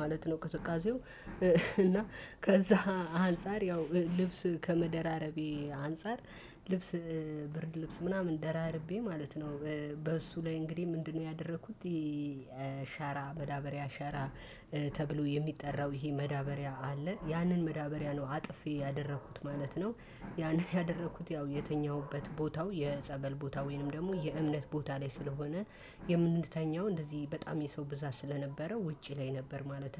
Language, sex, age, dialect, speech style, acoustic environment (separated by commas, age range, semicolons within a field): Amharic, female, 25-34, Ethiopia - Addis Ababa, happy, silence